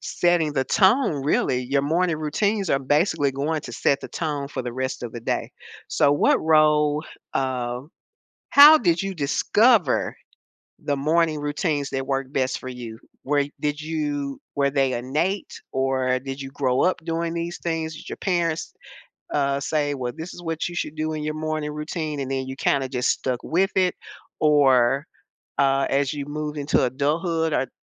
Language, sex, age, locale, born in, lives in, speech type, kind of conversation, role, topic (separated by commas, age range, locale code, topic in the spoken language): English, female, 70-74, en-US, United States, United States, conversation, podcast, host, How do your morning habits impact your day and overall well-being?